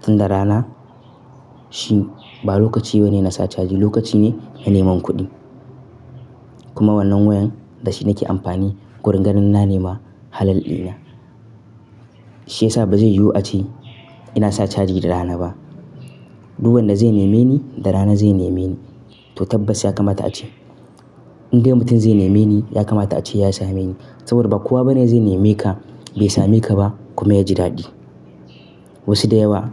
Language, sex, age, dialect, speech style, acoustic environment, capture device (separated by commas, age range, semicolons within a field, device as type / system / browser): Hausa, male, 18-24, Nigeria - Nigerian Standard, happy; focused, nature, mobile / Linux / Chrome